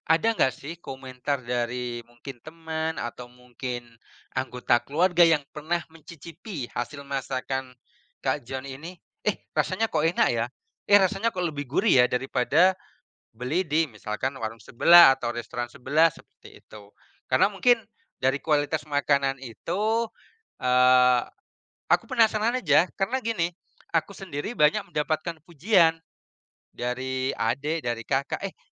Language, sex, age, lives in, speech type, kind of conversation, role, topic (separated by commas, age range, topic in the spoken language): Indonesian, male, 35-39, Indonesia, conversation, unstructured, none, Bagaimana kamu memutuskan apakah akan makan di rumah atau di restoran?